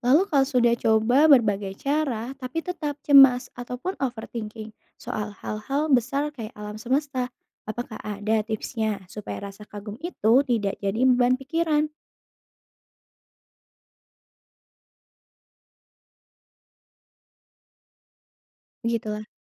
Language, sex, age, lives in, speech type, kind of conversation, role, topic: Indonesian, female, 25-29, Indonesia, read, expert-assistant, asker, Menurutmu, kenapa ada orang yang merasa kecil saat melihat pegunungan atau lautan yang terbentuk jutaan tahun? Apa yang bisa kita renungkan dari perasaan itu?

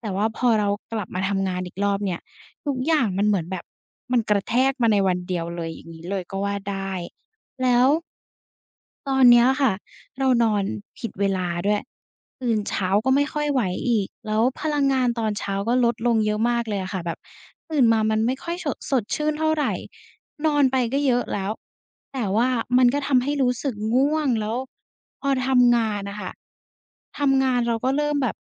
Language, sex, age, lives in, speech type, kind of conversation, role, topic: Thai, female, 20-24, Thailand, conversation, advice, user, หลังกลับจากการหยุดยาว คุณปรับกิจวัตรประจำวันอย่างไรบ้าง?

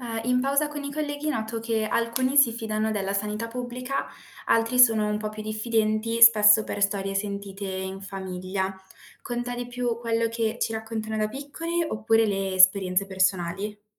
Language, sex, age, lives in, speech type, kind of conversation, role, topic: Italian, female, 20-24, Italy, read, expert-assistant, asker, Perché alcune persone si fidano del sistema sanitario pubblico mentre altre sono più scettiche? Ci sono tradizioni o storie che influenzano questo modo di pensare?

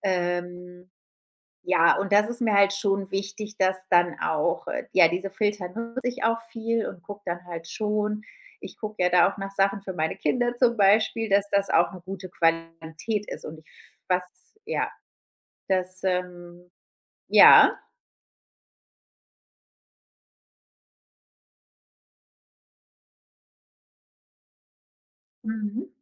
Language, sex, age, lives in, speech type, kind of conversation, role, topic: German, female, 35-39, Spain, conversation, podcast, guest, Wie wichtig ist dir Nachhaltigkeit beim Kleiderkauf?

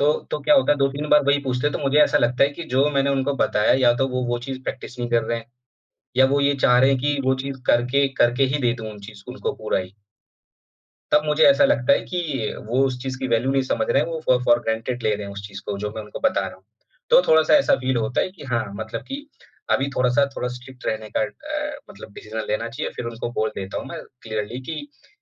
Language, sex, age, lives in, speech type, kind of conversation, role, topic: Hindi, male, 30-34, India, conversation, podcast, guest, Aap kab samjhauta karte hain, aur kab apni hadon par tikte hain?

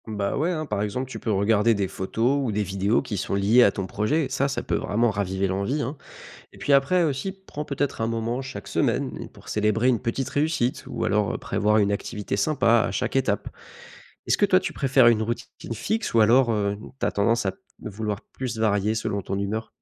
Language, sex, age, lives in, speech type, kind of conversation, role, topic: French, male, 25-29, France, read, expert-assistant, expert, Pourquoi avons-nous parfois du mal à économiser, même quand on sait que c’est important, et comment s’améliorer ?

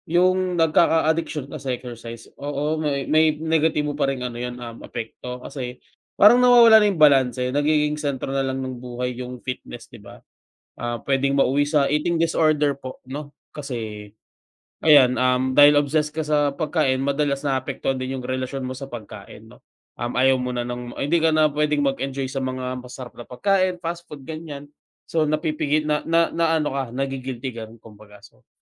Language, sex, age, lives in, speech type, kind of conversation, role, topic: Filipino, male, 25-29, Philippines, conversation, unstructured, none, Ano ang opinyon mo sa mga taong labis na nahuhumaling sa pag-eehersisyo at pag-aalaga sa katawan?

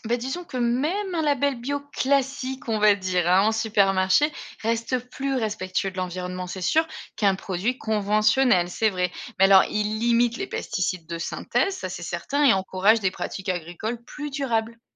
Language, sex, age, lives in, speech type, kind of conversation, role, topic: French, female, 35-39, Germany, read, expert-assistant, expert, Peut-on vraiment se fier aux labels « bio » dans les supermarchés ?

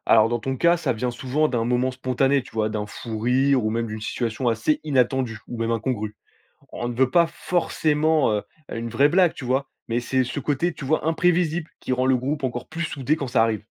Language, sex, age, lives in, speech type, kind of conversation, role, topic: French, male, 20-24, France, read, expert-assistant, expert, Comment remarquer plus facilement les expressions spéciales que l’on utilise dans mon groupe d’amis ?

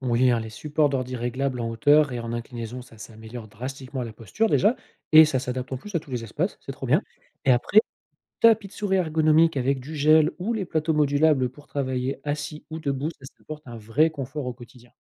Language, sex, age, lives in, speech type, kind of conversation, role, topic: French, male, 40-44, France, read, expert-assistant, expert, Quelles petites innovations du quotidien pourraient transformer la façon dont on vit à la maison ?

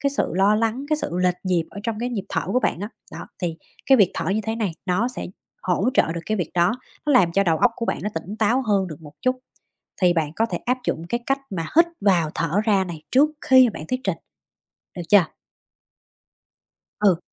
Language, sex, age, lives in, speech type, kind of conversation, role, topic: Vietnamese, female, 30-34, United States, conversation, advice, advisor, Làm thế nào để tôi giảm bớt cảm giác lo lắng trước các cuộc họp hoặc khi thuyết trình?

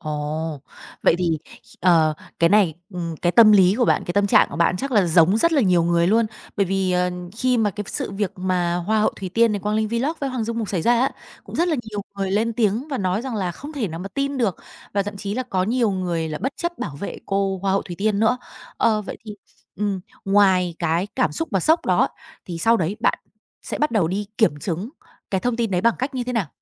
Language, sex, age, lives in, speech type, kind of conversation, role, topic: Vietnamese, female, 30-34, Vietnam, conversation, podcast, host, Bạn thường phản ứng như thế nào khi một người có ảnh hưởng vướng bê bối?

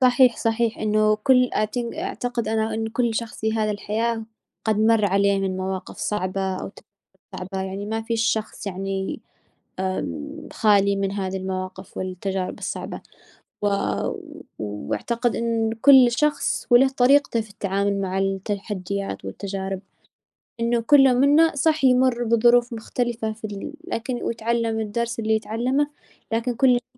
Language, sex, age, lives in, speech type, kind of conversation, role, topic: Arabic, female, 20-24, United States, conversation, unstructured, none, إزاي التجارب الصعبة بتأثر على إحساسك بنفسك؟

